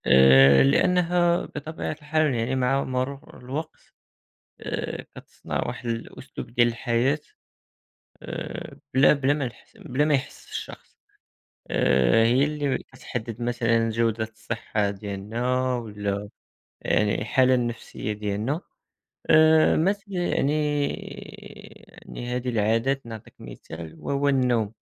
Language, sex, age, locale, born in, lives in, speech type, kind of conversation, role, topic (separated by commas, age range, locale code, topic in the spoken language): Arabic, male, 18-19, ar-MA, Morocco, France, conversation, unstructured, none, إزاي العادات اليومية بتأثر على مستقبلك؟